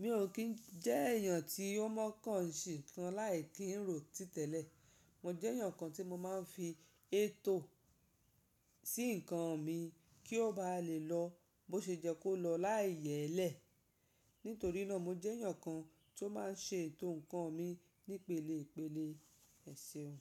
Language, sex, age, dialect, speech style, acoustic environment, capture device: Yoruba, female, 35-44, Nigeria - Lagos Yoruba, happy; focused, nature, mobile / Linux / Chrome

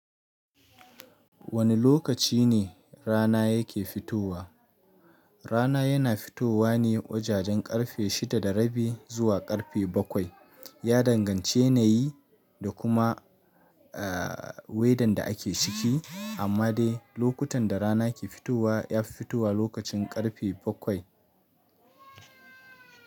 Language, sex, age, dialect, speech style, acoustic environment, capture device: Hausa, male, 25-34, Nigeria - Nigerian Standard, happy; excited; focused, silence, mobile / Linux / Chrome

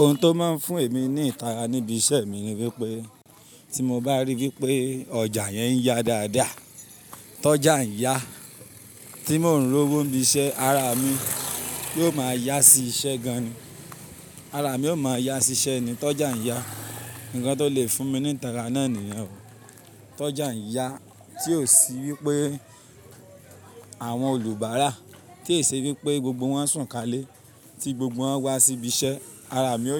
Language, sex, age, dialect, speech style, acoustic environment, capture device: Yoruba, male, 25-34, Nigeria - Ibadan, happy; anxious; nervous; tired, people talking; construction; traffic; silence; nature; appliances; music, mobile / Linux / Chrome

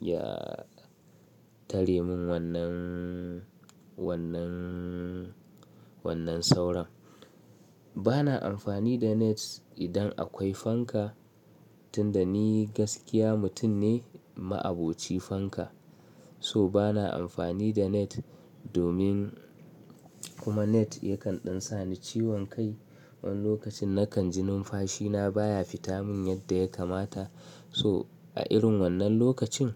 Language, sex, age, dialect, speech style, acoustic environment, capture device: Hausa, male, 25-34, Nigeria - Kano, anxious; nervous, silence, mobile / Linux / Chrome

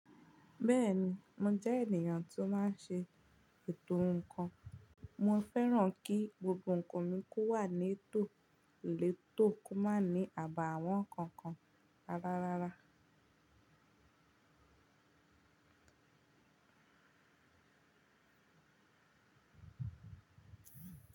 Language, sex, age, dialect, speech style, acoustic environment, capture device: Yoruba, female, 18-24, Nigeria - Ibadan, focused; relaxed, nature; silence, mobile / Linux / Chrome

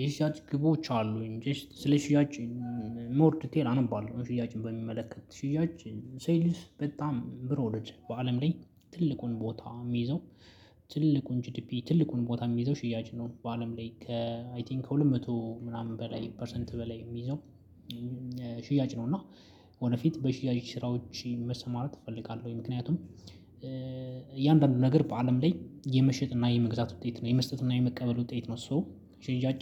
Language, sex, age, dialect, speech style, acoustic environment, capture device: Amharic, male, 25-34, Ethiopia - Addis Ababa, focused, silence, mobile / Linux / Chrome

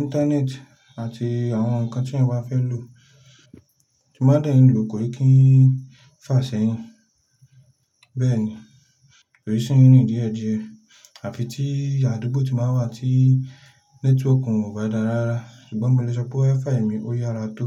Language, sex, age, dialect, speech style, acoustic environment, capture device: Yoruba, male, 25-34, Nigeria - Lagos Yoruba, relaxed, silence, mobile / Linux / Chrome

